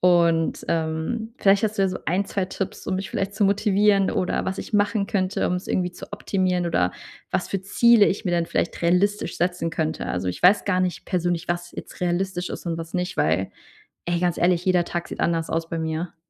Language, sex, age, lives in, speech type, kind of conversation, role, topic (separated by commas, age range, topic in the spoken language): German, female, 30-34, Germany, conversation, advice, user, Wie kann ich meine Trainingsziele nach einem Rückschlag realistisch anpassen?